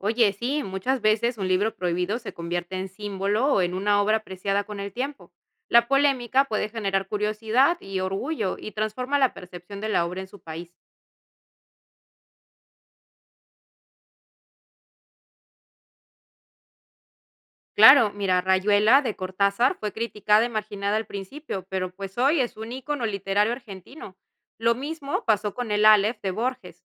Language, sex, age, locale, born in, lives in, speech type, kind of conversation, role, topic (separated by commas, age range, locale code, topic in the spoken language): Spanish, female, 30-34, es-PE, Peru, Italy, read, expert-assistant, expert, ¿Puedes darme un ejemplo de dos libros de diferentes países que cuenten historias parecidas?